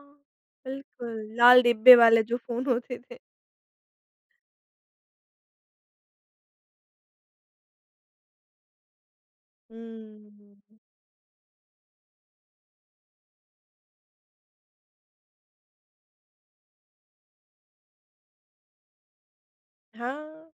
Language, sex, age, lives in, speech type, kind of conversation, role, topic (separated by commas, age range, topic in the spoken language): Hindi, female, 25-29, India, conversation, podcast, host, भविष्य में स्मार्टफ़ोन कैसे बदलेंगे?